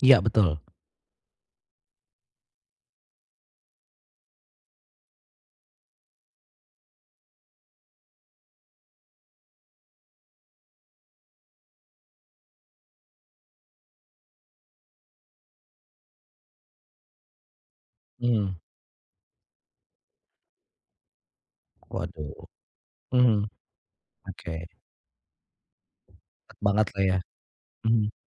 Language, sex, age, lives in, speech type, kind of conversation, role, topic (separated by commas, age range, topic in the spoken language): Indonesian, male, 35-39, Indonesia, conversation, advice, advisor, Bagaimana cara menetapkan batasan yang sehat dalam pertemanan saya?